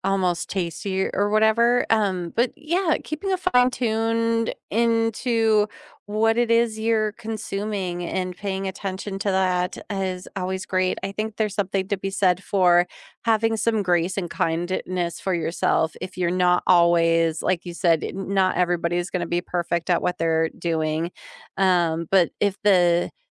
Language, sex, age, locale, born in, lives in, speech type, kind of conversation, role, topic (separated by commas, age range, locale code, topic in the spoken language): English, female, 40-44, en-US, United States, United States, conversation, unstructured, none, How does regular exercise affect your mood or stress levels?